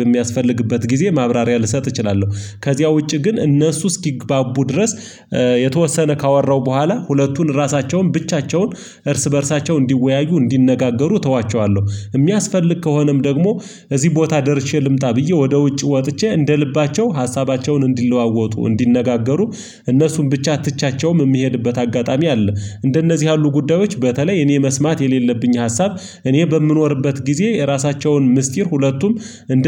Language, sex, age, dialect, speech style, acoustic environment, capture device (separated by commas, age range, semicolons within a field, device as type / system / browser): Amharic, male, 25-34, Ethiopia - Gojjam, relaxed, silence, mobile / Linux / Chrome